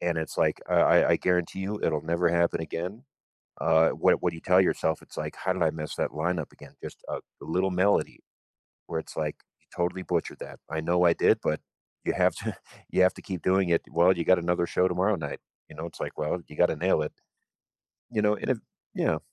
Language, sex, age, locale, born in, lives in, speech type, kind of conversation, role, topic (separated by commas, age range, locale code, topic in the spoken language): English, male, 45-49, en-US, United States, United States, conversation, advice, advisor, How should I handle and move past an embarrassing mistake I made during a work meeting?